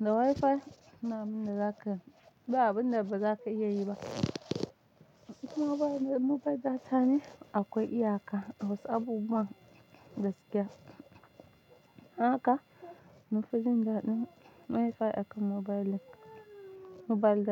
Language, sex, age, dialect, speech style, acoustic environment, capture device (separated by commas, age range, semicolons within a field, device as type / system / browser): Hausa, female, 25-34, Nigeria - Nigerian Standard, relaxed, silence, mobile / Linux / Chrome